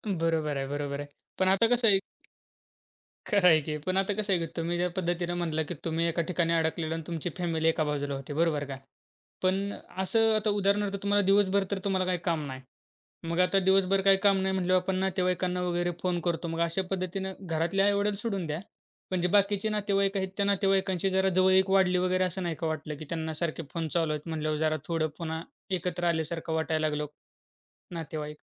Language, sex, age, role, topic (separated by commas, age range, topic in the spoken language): Marathi, male, 20-24, host, महामारीने तुमचं दैनंदिन आयुष्य कसं बदललं?